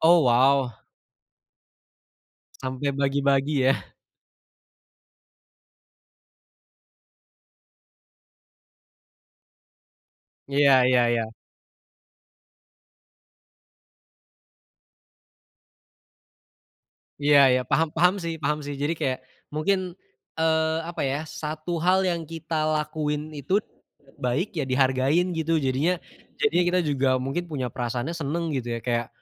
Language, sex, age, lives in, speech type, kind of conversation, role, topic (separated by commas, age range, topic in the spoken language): Indonesian, male, 20-24, Indonesia, conversation, unstructured, none, Apa yang membuat kamu merasa paling menjadi diri sendiri?